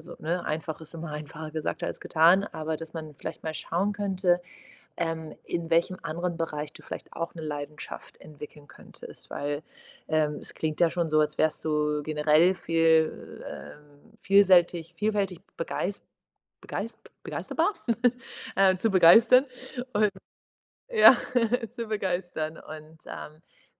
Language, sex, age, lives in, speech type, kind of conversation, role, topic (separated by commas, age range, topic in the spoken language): German, female, 25-29, Portugal, conversation, advice, advisor, Wie finde ich heraus, was mich wirklich begeistert?